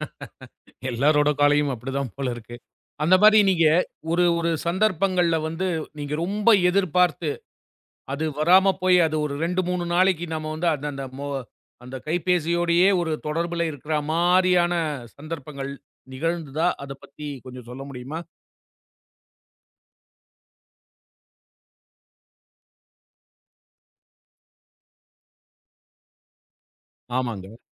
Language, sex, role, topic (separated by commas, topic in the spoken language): Tamil, male, host, விழித்தவுடன் நீங்கள் கைபேசியைப் பார்க்கிறீர்களா?